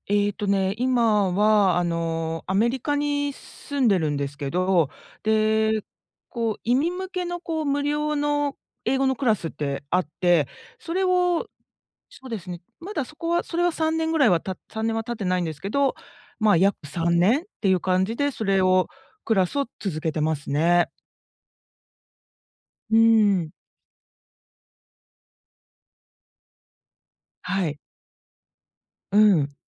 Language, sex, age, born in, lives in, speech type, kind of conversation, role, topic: Japanese, female, 50-54, Japan, United States, conversation, advice, user, 進捗が見えず達成感が持てず、やる気が続かないときはどうすればよいですか？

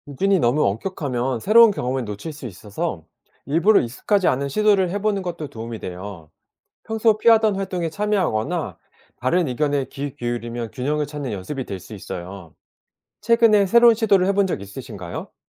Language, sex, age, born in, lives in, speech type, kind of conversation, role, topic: Korean, male, 40-44, South Korea, South Korea, read, expert-assistant, expert, 그림을 볼 때, 우리는 왜 그 의미를 다르게 받아들일까요?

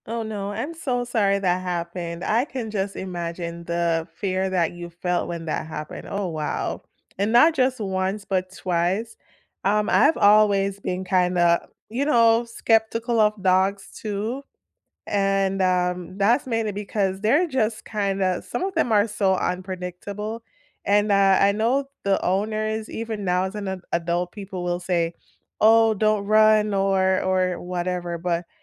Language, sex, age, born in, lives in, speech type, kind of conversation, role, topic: English, female, 30-34, Jamaica, United States, conversation, unstructured, none, What childhood memory do you love revisiting?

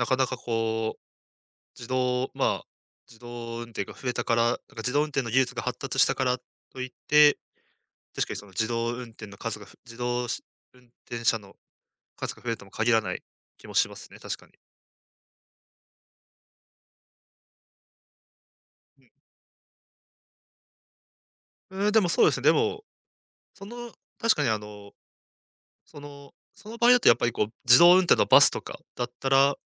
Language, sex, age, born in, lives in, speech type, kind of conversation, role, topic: Japanese, male, 20-24, Japan, Japan, conversation, podcast, guest, 自動運転が普及したら、通勤はどう変わると思いますか？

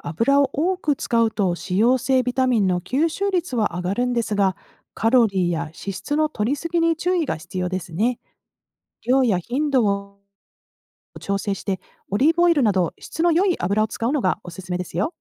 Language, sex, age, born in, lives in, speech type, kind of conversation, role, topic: Japanese, female, 50-54, Japan, United States, read, expert-assistant, expert, 「旬の野菜は栄養が豊富」という話は本当でしょうか？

